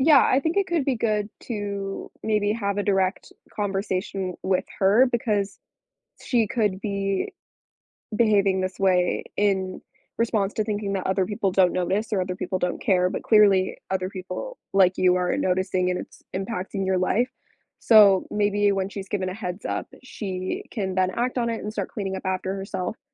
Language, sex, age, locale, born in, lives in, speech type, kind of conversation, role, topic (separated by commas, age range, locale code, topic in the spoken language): English, female, 18-19, en-US, United States, United States, conversation, advice, advisor, How can I calmly resolve ongoing conflicts with a difficult neighbor?